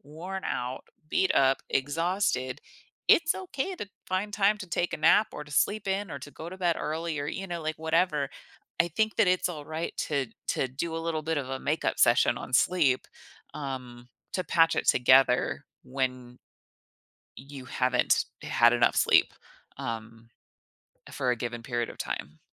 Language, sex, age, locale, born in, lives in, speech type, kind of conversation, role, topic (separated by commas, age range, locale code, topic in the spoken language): English, female, 35-39, en-US, United States, United States, conversation, podcast, guest, How does getting enough sleep affect our physical and mental well-being?